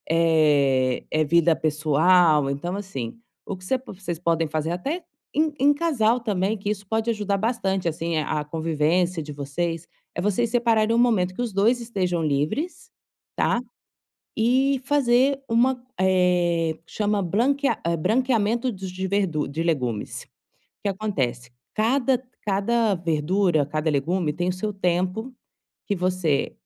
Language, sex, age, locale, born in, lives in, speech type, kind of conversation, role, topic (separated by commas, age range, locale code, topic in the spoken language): Portuguese, female, 35-39, pt-BR, Brazil, Spain, conversation, advice, advisor, Como posso aprender técnicas básicas de cozinha para comer mais saudável?